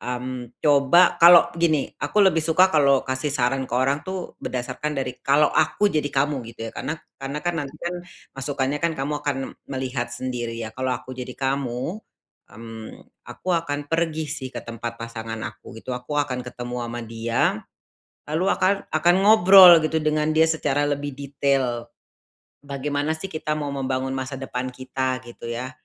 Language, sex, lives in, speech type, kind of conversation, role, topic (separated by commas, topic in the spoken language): Indonesian, female, Indonesia, conversation, advice, advisor, Bagaimana cara mendapatkan dukungan emosional saat merasa terjebak?